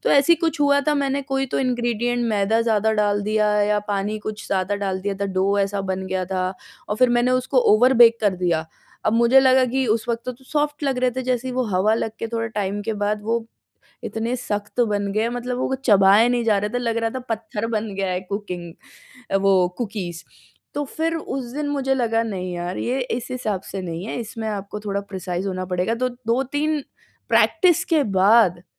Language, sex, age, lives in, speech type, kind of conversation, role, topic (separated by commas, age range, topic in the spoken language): Hindi, female, 30-34, India, conversation, podcast, guest, Kya aapko baking ya cooking creative lagti hai, aur kyun?